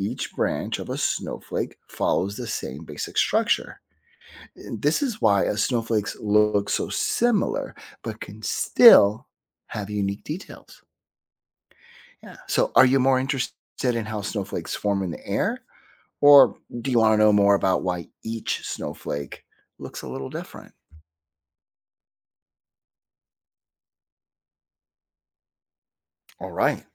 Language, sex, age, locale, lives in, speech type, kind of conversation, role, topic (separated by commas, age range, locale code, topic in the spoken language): English, male, 50-54, en-US, United States, read, expert-assistant, expert, What makes ice float on water?